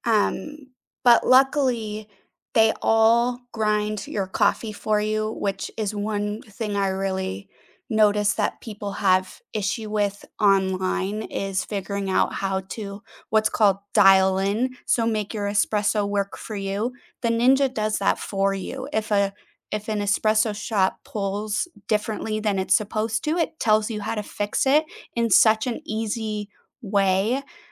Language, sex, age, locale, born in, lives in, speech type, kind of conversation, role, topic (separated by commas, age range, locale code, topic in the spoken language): English, female, 30-34, en-US, United States, United States, conversation, unstructured, none, Which small, everyday habits instantly lift your mood, and how can we support each other in sharing them?